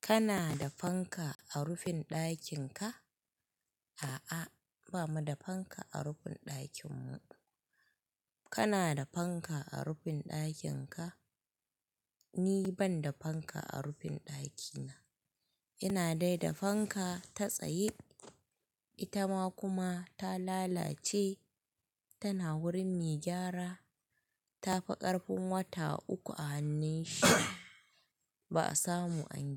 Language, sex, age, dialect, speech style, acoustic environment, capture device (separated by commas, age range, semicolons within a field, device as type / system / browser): Hausa, female, 25-34, Nigeria - Kano, happy, people talking, mobile / Linux / Chrome